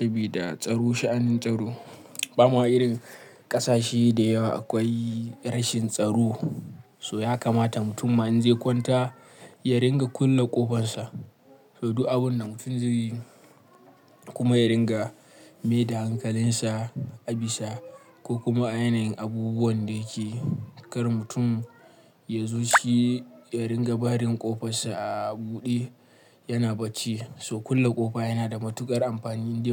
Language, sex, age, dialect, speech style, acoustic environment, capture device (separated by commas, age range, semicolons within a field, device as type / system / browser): Hausa, male, 25-34, Nigeria - Kano, happy, silence, mobile / Linux / Chrome